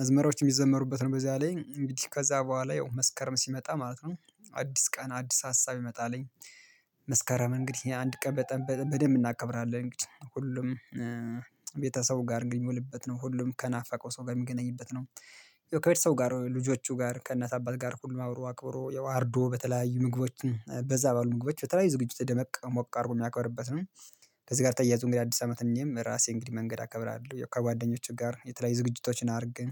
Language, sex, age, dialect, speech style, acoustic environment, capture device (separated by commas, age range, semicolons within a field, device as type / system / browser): Amharic, male, 25-34, Ethiopia - Gondar, relaxed; happy; focused; excited, silence, mobile / Linux / Chrome